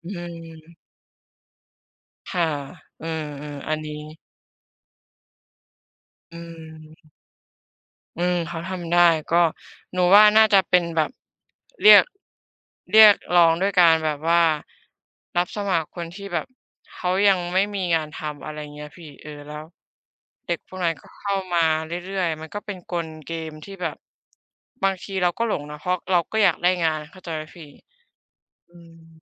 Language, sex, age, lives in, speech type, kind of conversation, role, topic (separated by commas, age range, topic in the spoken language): Thai, female, 25-29, Thailand, conversation, unstructured, none, เวลาคุณเจอปัญหาใหญ่ คุณมีวิธีจัดการอย่างไร?